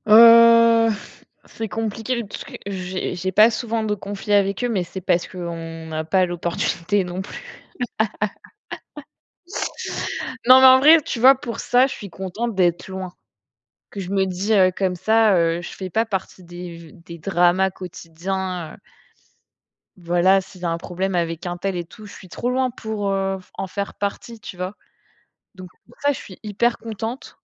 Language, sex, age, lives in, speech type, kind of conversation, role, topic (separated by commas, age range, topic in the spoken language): French, female, 25-29, France, conversation, unstructured, none, Comment peux-tu gérer un conflit avec un membre de ta famille ?